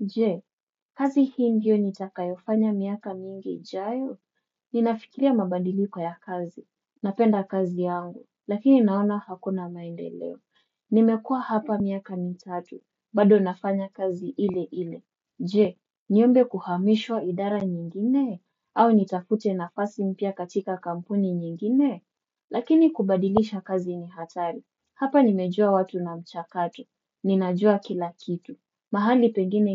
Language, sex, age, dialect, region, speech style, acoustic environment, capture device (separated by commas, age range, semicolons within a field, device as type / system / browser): Swahili, female, 25-34, Kenya - Mombasa, Kenya, focused, silence, desktop / Windows / Chrome